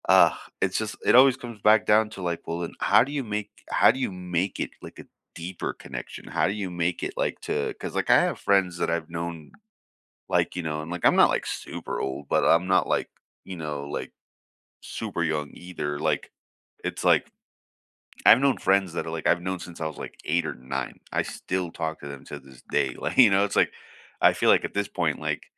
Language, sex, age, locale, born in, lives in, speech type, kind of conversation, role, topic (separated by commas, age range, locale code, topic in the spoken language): English, male, 30-34, en-US, United States, United States, conversation, unstructured, none, What has worked for you when making new friends as an adult?